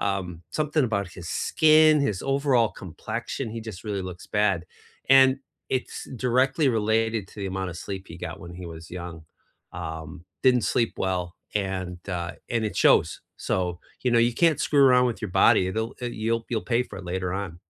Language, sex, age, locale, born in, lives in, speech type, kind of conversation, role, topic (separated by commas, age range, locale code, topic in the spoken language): English, male, 55-59, en-US, United States, United States, conversation, unstructured, none, What skill are you teaching yourself this year, and how is it going?